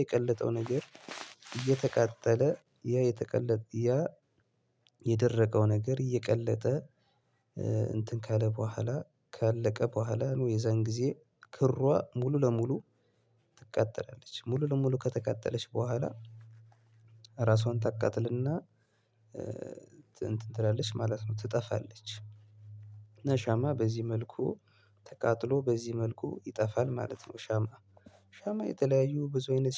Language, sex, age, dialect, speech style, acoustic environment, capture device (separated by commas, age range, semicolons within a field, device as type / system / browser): Amharic, male, 25-34, Ethiopia - Gondar, focused, silence, mobile / Linux / Chrome